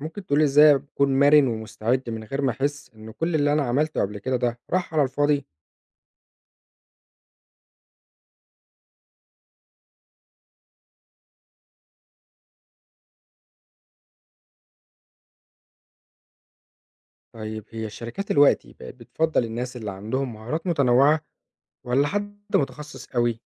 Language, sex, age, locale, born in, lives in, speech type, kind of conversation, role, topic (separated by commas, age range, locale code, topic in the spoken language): Arabic, male, 25-29, ar-EG, Egypt, Egypt, read, expert-assistant, asker, إزاي التغيرات في سياسات التعليم بتأثر على فرص الشغل و تطوير الكارير بتاعي؟